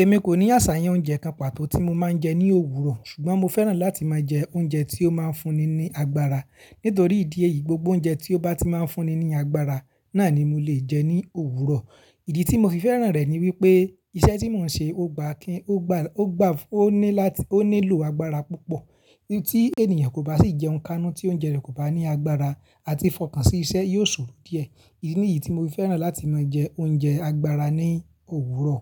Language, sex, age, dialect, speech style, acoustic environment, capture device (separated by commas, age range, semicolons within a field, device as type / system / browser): Yoruba, male, 25-34, Nigeria - Ibadan, relaxed, silence, mobile / Linux / Chrome